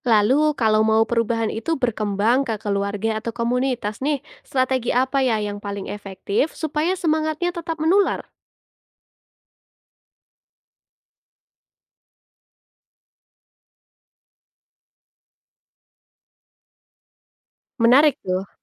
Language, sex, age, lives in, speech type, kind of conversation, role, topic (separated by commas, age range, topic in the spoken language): Indonesian, female, 20-24, Indonesia, read, expert-assistant, asker, Bagaimana saya bisa mulai membuat pilihan sehari-hari yang mendukung bumi tanpa merasa kewalahan?